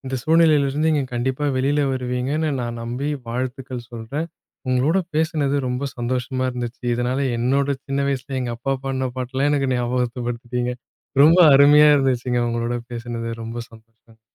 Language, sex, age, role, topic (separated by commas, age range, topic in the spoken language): Tamil, male, 30-34, host, அப்பா-அம்மாவின் வீட்டை நினைவுபடுத்தும் பாடல் என்ன?